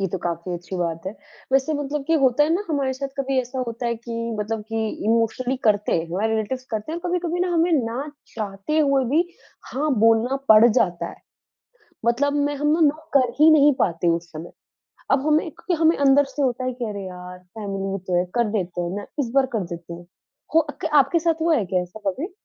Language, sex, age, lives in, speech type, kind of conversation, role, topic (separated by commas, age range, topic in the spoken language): Hindi, female, 20-24, India, conversation, podcast, host, Jab tumhe kisi cheez ke liye mana karna ho, toh tum kaise bolte ho?